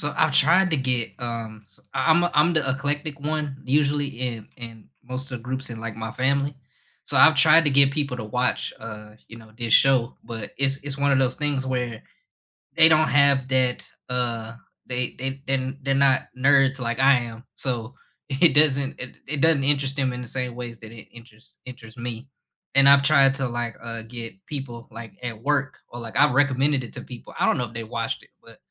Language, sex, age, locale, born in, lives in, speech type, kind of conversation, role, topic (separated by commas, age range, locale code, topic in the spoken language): English, male, 25-29, en-US, United States, United States, conversation, unstructured, none, Which comfort TV shows do you rewatch on repeat, and what memories or feelings keep you coming back?